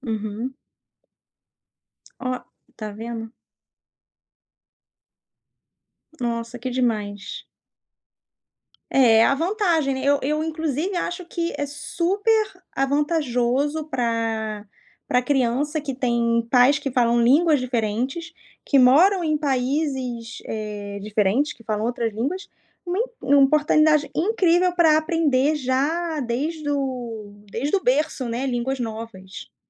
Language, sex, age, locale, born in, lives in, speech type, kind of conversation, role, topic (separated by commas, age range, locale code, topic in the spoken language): Portuguese, female, 35-39, pt-BR, Brazil, France, conversation, podcast, host, Como é a sua relação com a língua da sua família?